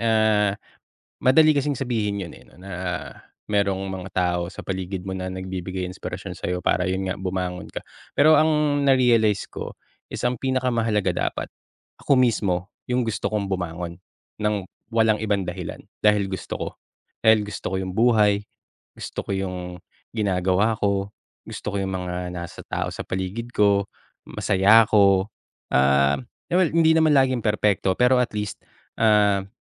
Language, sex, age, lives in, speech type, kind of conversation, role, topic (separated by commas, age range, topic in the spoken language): Filipino, male, 35-39, Philippines, conversation, podcast, guest, Anong simpleng bagay ang nagpapasaya sa iyo tuwing umaga?